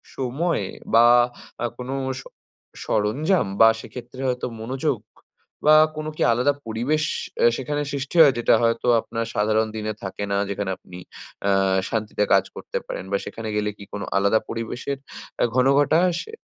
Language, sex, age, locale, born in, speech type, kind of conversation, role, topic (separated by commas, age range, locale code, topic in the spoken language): Bengali, male, 20-24, bn-IN, India, conversation, advice, advisor, ছুটি বা ভ্রমণের সময় আমি কেন আমার সৃজনশীল রুটিন বজায় রাখতে পারছি না?